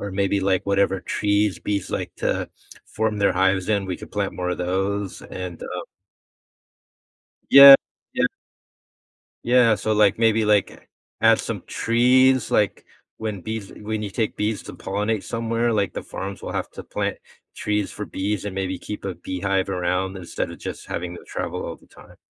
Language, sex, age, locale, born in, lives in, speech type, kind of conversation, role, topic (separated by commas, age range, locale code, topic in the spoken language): English, male, 50-54, en-US, United States, United States, conversation, podcast, guest, How do bees help nature?